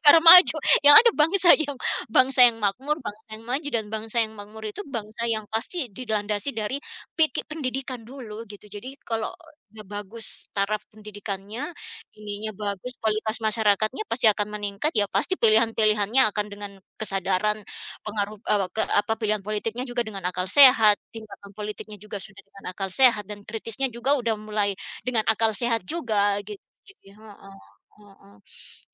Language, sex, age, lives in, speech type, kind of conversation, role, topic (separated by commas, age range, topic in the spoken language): Indonesian, female, 45-49, United States, conversation, unstructured, none, Bagaimana politik memengaruhi kehidupan sehari-hari kita?